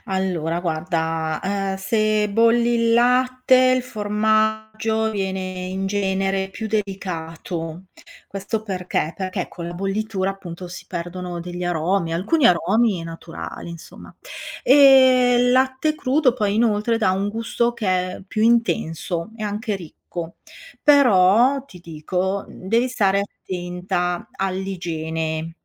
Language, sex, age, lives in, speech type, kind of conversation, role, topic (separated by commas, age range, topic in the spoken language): Italian, female, 55-59, Italy, read, expert-assistant, expert, Come si produce il formaggio